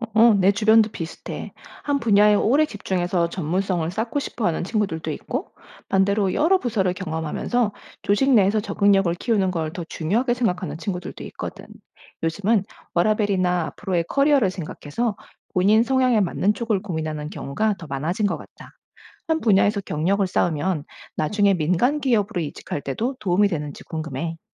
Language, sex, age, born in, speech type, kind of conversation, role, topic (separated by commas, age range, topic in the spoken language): Korean, female, 40-44, United States, read, expert-assistant, asker, 한국에서 공무원이 왜 인기가 많은가요?